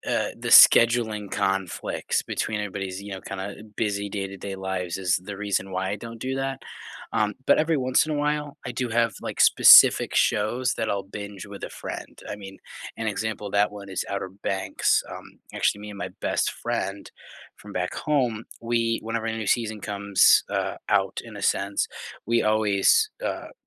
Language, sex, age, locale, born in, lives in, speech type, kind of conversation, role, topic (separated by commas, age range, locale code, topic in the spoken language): English, male, 18-19, en-US, United States, United States, conversation, unstructured, none, What TV shows do you binge-watch without feeling guilty, and what keeps you hooked?